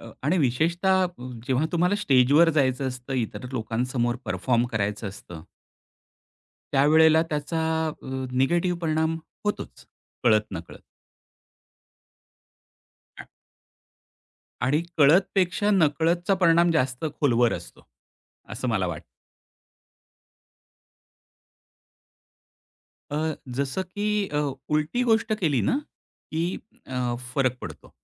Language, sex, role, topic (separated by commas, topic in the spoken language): Marathi, male, guest, तुमची बाह्य शैली तुमचा आत्मविश्वास कसा वाढवते?